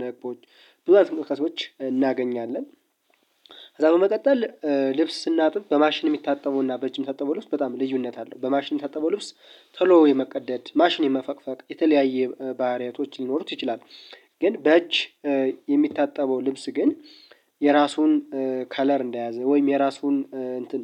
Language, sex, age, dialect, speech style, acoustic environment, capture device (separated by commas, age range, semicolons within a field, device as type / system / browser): Amharic, male, 25-34, Ethiopia - Gondar, happy, people talking; music, mobile / Linux / Chrome